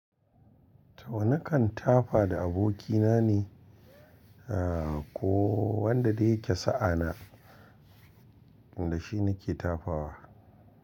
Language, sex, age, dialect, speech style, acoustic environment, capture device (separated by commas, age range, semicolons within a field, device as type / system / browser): Hausa, male, 45-54, Nigeria - Kano, relaxed, appliances; people talking, mobile / Linux / Chrome